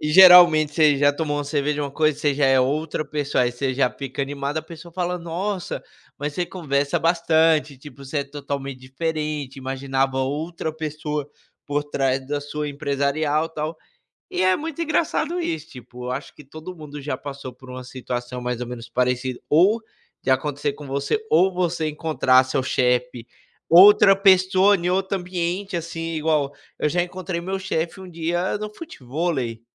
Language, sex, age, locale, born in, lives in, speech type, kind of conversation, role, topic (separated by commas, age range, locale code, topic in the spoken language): Portuguese, male, 25-29, pt-BR, Brazil, United States, conversation, unstructured, none, Você já precisou esconder uma parte de você para se encaixar?